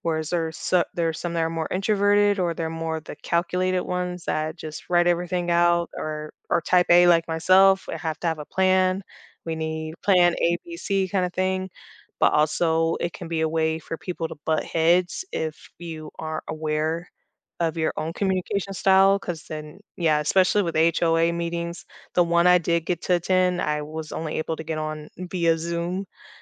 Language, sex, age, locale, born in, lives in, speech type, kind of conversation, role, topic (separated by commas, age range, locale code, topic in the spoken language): English, female, 30-34, en-US, United States, United States, conversation, podcast, guest, What helps communities successfully come together to address local challenges?